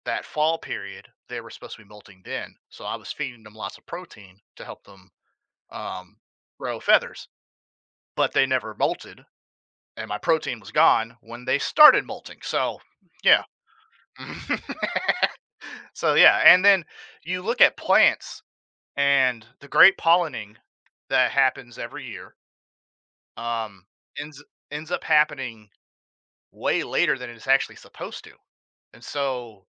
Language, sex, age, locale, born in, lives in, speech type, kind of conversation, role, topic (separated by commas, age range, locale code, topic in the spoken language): English, male, 30-34, en-US, United States, United States, conversation, podcast, guest, In what ways does pollution threaten the balance of natural ecosystems?